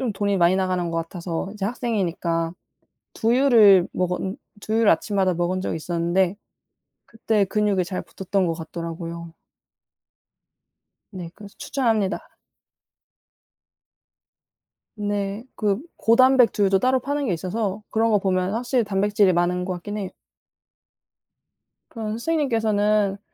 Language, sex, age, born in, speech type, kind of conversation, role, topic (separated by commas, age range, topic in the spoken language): Korean, female, 20-24, South Korea, conversation, unstructured, none, 건강을 위해 가장 필요한 습관은 무엇이라고 생각하나요?